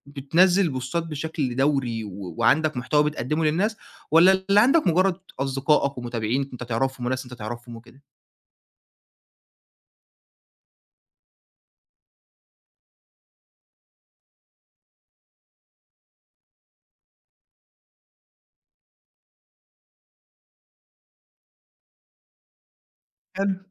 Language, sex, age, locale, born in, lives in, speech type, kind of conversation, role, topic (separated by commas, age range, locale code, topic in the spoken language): Arabic, male, 20-24, ar-EG, Egypt, Egypt, conversation, advice, advisor, إزاي بتوصّف إحساسك بالتعب من إنك تفضل محافظ/ة على صورة مبسوطة على السوشيال ميديا؟